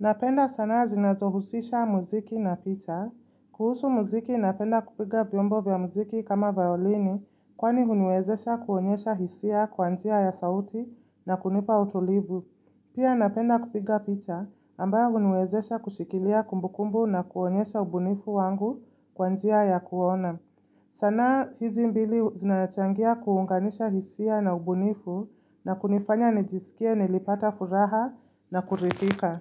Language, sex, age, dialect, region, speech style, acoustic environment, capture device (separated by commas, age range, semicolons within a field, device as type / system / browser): Swahili, female, 25-34, Kenya - Nairobi Sheng-influenced, Kenya, relaxed; happy, silence, mobile / Linux / Chrome